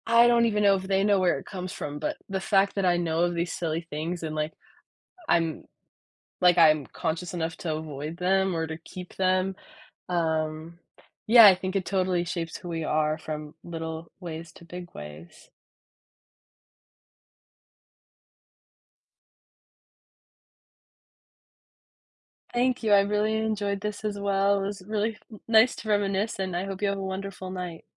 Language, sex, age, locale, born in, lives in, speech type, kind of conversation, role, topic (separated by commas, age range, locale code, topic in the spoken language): English, female, 20-24, en-US, United States, United States, conversation, podcast, guest, In what ways do family or cultural traditions influence who we become?